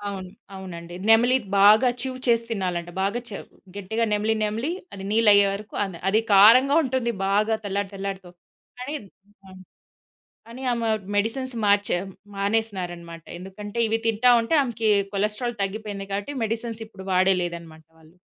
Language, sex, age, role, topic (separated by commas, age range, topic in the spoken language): Telugu, female, 30-34, guest, మీ ఇంట్లో మీ ఉదయం సాధారణంగా ఎలా మొదలవుతుంది?